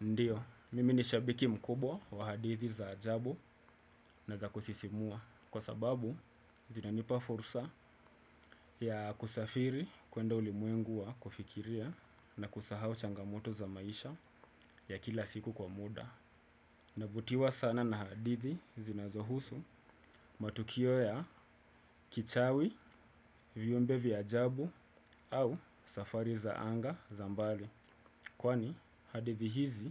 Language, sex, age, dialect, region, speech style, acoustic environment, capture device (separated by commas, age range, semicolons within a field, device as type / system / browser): Swahili, male, 25-34, Kenya - Mombasa, Kenya, excited; focused; relaxed; happy, silence, desktop / Windows / Chrome